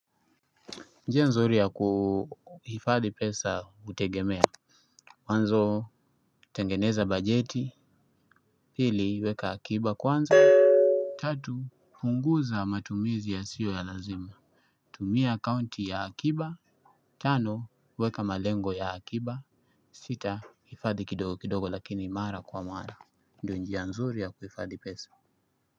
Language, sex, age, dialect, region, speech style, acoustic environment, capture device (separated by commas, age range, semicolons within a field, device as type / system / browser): Swahili, male, 25-34, Kenya - Mombasa, Kenya, anxious; happy; excited; stressed; focused, nature; music; people talking; appliances, desktop / Linux / Chrome